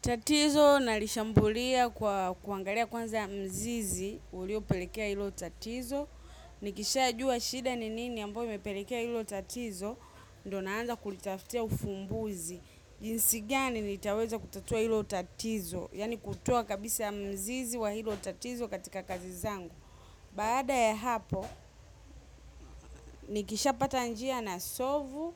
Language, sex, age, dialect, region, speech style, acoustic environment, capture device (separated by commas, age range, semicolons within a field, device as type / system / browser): Swahili, female, 25-34, Tanzania - Dar es Salaam, Tanzania, happy, silence, mobile / macOS / Safari